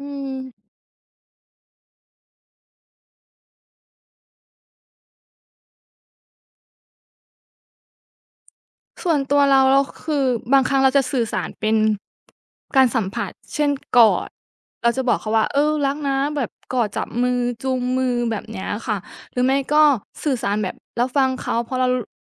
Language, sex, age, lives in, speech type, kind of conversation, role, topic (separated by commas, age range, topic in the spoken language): Thai, female, 25-29, Thailand, conversation, unstructured, none, การสื่อสารที่ดีในความรักควรเป็นอย่างไร?